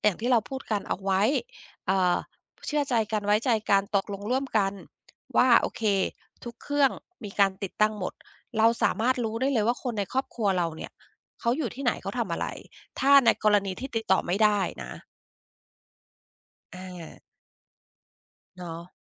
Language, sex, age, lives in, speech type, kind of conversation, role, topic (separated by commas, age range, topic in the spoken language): Thai, female, 50-54, United States, conversation, podcast, host, คุณคิดอย่างไรเกี่ยวกับการติดตามตำแหน่งบนมือถือของคนใกล้ชิด?